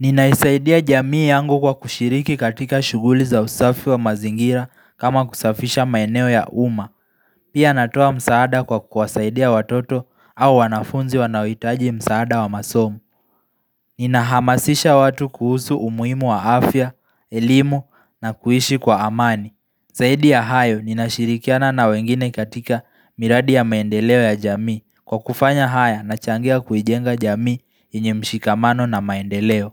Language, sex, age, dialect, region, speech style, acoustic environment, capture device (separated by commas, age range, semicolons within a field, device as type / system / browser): Swahili, male, 18-24, Kenya - Nairobi Sheng-influenced, Kenya, focused; relaxed, people talking; music; nature; silence; traffic; construction; appliances, mobile / Linux / Chrome